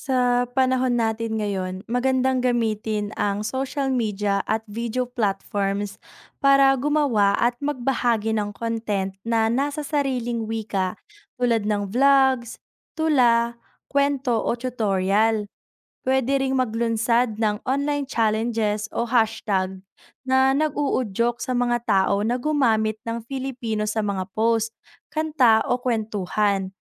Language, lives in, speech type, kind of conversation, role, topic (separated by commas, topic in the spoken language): Filipino, Philippines, read, expert-assistant, expert, Bakit dapat pahalagahan ang sariling wika?